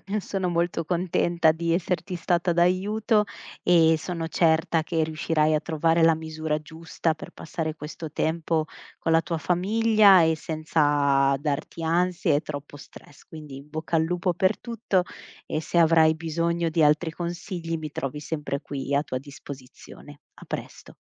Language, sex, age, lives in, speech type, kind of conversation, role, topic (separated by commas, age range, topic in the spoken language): Italian, female, 35-39, Italy, conversation, advice, advisor, Come posso pianificare una pausa o un anno sabbatico se ho paura?